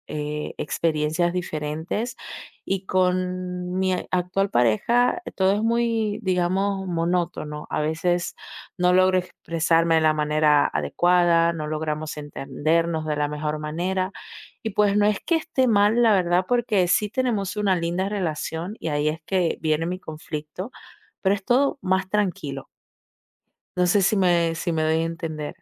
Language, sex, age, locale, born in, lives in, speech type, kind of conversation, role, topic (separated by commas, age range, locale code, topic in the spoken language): Spanish, female, 20-24, es-DO, Dominican Republic, Italy, conversation, advice, user, ¿Cómo puedo evitar perderme en mi relación y seguir siendo yo mismo?